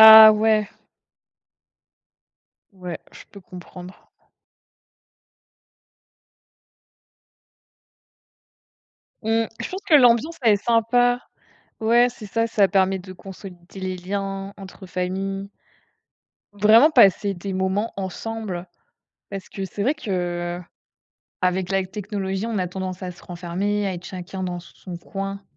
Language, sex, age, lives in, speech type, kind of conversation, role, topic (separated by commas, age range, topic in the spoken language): French, female, 25-29, France, conversation, unstructured, none, Quelle place la technologie occupe-t-elle dans vos loisirs ?